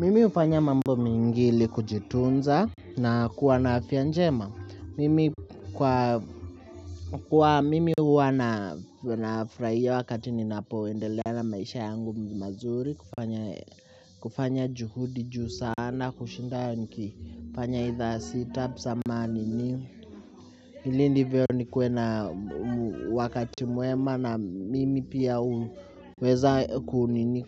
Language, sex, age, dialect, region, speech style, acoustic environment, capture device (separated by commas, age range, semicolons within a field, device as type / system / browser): Swahili, male, 18-24, Kenya - Nairobi Sheng-influenced, Kenya, happy; nervous; excited, music; appliances, mobile / Linux / Chrome